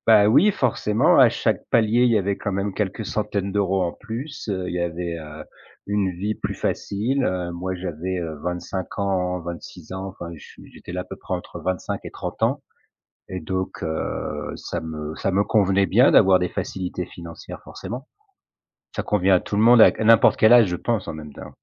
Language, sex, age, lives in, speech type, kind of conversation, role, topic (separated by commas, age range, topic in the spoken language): French, male, 40-44, France, conversation, podcast, guest, Peux-tu nous raconter un tournant important dans ta carrière ?